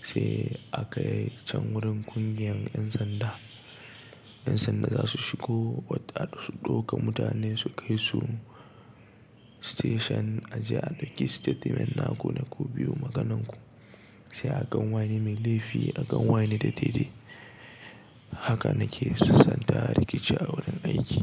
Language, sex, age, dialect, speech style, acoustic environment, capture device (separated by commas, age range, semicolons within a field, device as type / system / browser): Hausa, male, 18-24, Nigeria - Nigerian Standard, relaxed, nature, desktop / Linux / Chrome